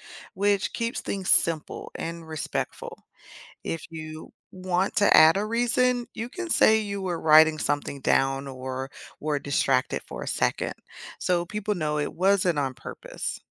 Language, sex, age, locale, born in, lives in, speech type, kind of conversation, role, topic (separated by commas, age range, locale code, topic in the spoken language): English, female, 45-49, en-US, United States, United States, read, expert-assistant, expert, What strategies help people become better listeners?